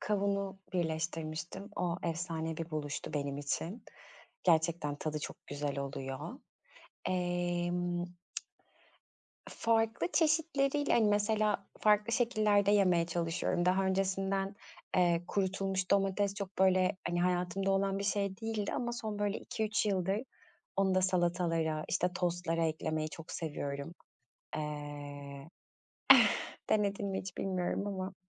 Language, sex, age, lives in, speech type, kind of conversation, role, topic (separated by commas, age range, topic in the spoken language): Turkish, female, 35-39, Greece, conversation, podcast, guest, Yeni tat kombinasyonlarını nasıl keşfediyorsun?